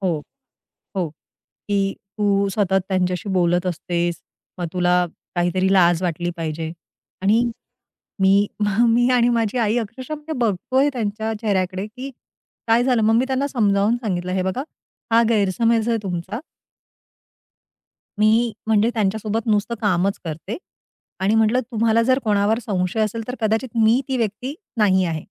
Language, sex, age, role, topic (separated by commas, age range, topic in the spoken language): Marathi, female, 40-44, guest, ब्लॉक झाल्यावर तुम्ही काय करता?